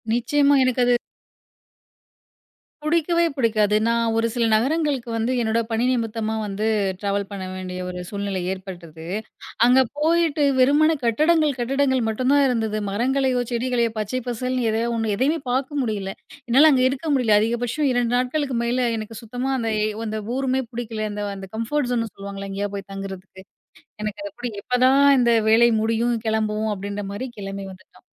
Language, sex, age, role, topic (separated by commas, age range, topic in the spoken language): Tamil, female, 30-34, guest, ஒரு மரம் உங்களுக்கு என்ன பாடம் சொல்லுகிறது?